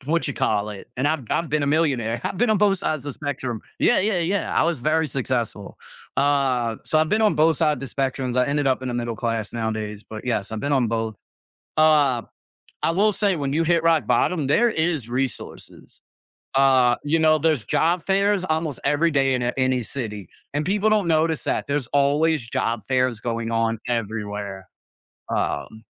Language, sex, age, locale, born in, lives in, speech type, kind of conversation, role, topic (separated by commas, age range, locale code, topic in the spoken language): English, male, 30-34, en-US, United States, United States, conversation, podcast, host, How have difficult experiences shaped your outlook on life?